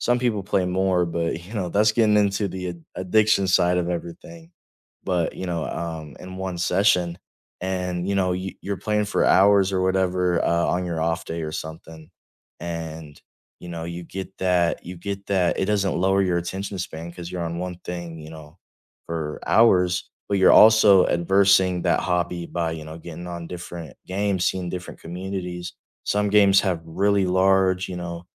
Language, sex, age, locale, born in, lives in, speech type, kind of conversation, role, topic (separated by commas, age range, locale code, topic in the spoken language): English, male, 18-19, en-US, United States, United States, conversation, unstructured, none, What is the hardest part about losing a hobby you loved?